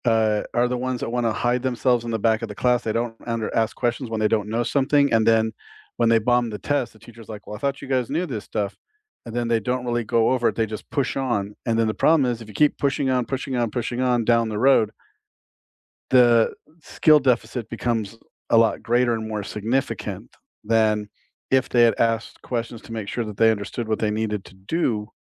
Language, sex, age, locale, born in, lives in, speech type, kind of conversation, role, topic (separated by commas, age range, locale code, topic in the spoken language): English, male, 50-54, en-US, United States, United States, conversation, unstructured, none, Should schools focus more on testing or on creativity?